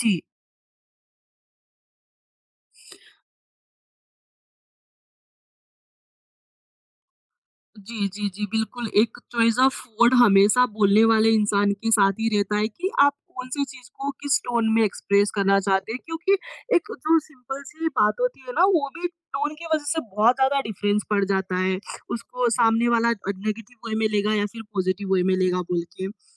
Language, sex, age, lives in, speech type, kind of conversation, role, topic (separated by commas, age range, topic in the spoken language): Hindi, female, 20-24, India, conversation, podcast, host, Tumne apni failure se sabse badi seekh kya li?